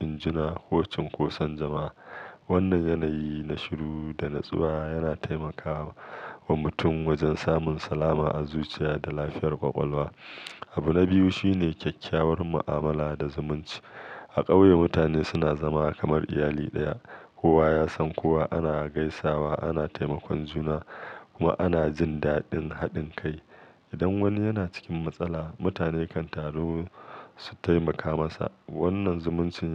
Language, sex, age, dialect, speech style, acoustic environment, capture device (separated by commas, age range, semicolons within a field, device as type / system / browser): Hausa, male, 35-44, Nigeria - Kano, focused, nature; silence, mobile / Linux / Chrome